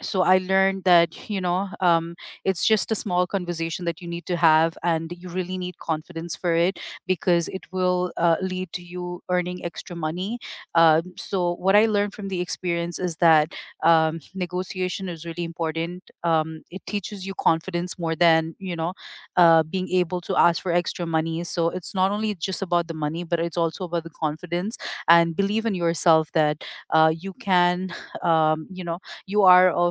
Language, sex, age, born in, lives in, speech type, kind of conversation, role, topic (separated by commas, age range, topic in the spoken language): English, female, 35-39, United States, United States, conversation, unstructured, none, How do you approach negotiating your salary, and what experiences have shaped your style?